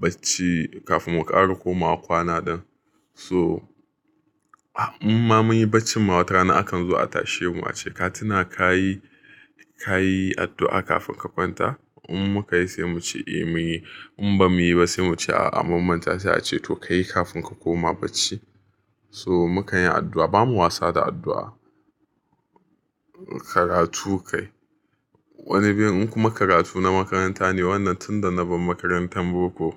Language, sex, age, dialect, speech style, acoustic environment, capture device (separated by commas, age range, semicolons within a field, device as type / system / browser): Hausa, male, 25-34, Nigeria - Nigerian Standard, happy, silence, mobile / Linux / Chrome